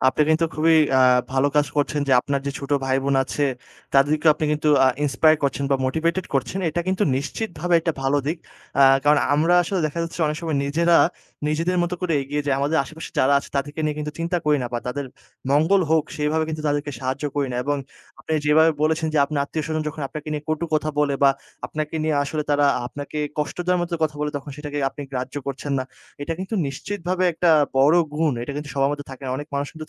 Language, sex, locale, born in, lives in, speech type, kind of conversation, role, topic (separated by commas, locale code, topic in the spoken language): Bengali, male, bn-BD, Bangladesh, Bangladesh, conversation, podcast, host, আপনি একটি বড় প্রকল্পকে কীভাবে ছোট ছোট অংশে ভাগ করেন?